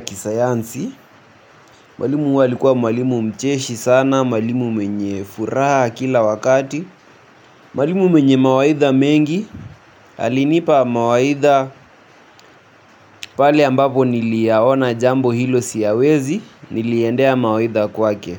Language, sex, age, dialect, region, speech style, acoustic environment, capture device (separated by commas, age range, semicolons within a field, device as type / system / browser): Swahili, male, 18-24, Kenya - Nairobi Sheng-influenced, Kenya, anxious, music; nature, mobile / Linux / Chrome